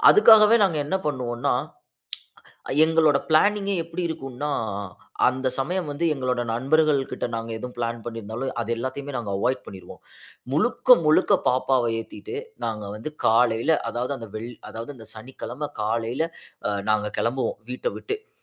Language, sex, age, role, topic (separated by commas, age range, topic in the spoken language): Tamil, male, 25-29, guest, தொழில்நுட்பப் பயன்பாட்டு நேரத்தையும் குடும்ப நேரத்தையும் நீங்கள் எப்படி சமநிலைப்படுத்துகிறீர்கள்?